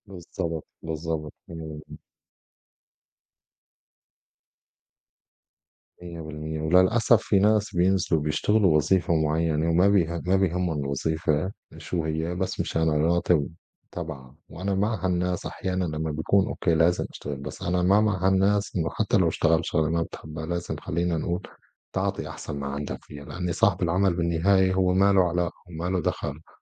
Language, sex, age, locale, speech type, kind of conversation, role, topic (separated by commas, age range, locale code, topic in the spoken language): Arabic, male, 35-39, ar-SY, conversation, unstructured, none, إزاي تختار الشغل اللي يناسبك؟